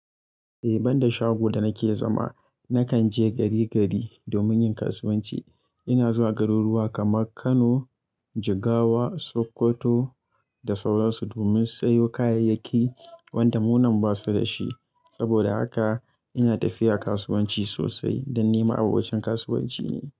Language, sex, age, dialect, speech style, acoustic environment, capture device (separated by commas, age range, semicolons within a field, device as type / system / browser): Hausa, male, 25-34, Nigeria - Kano, happy; relaxed; excited; anxious, people talking; nature; silence; music, mobile / Linux / Chrome